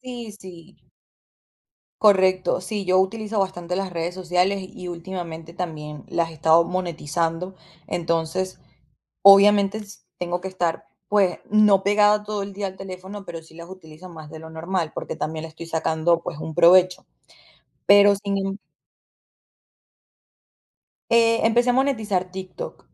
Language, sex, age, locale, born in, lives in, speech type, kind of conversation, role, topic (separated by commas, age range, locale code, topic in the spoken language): Spanish, female, 25-29, es-VE, Venezuela, United States, conversation, podcast, guest, ¿Qué piensas sobre las redes sociales hoy en día?